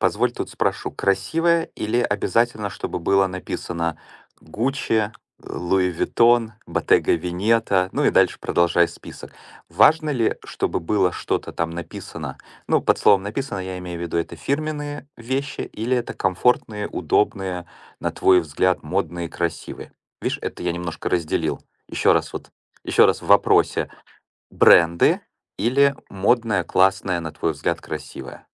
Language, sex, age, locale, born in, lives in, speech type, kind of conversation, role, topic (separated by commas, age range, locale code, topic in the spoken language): Russian, male, 45-49, ru-RU, Ukraine, United States, conversation, podcast, host, Как одежда влияет на твоё настроение?